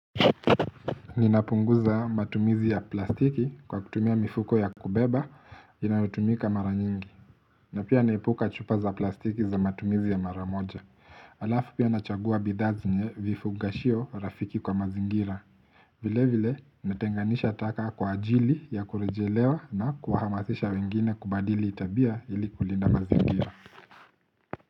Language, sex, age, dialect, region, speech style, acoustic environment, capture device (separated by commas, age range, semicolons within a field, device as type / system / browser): Swahili, male, 25-34, Kenya - Nairobi Sheng-influenced, Kenya, relaxed, silence, mobile / Linux / Chrome